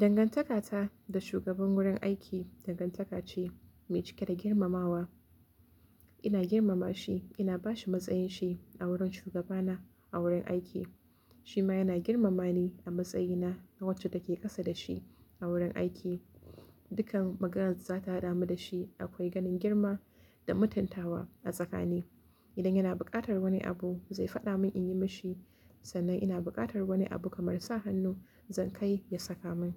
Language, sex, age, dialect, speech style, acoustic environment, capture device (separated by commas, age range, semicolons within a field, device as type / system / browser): Hausa, female, 18-24, Nigeria - Kano, relaxed; excited, silence, mobile / Linux / Chrome